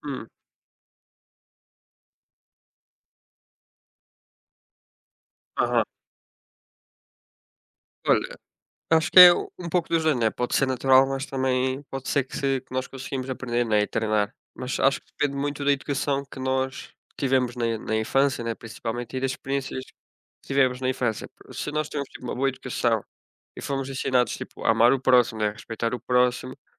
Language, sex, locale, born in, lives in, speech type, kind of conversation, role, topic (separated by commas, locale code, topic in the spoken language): Portuguese, male, pt-PT, Portugal, Portugal, conversation, unstructured, none, Qual é a importância da empatia para você?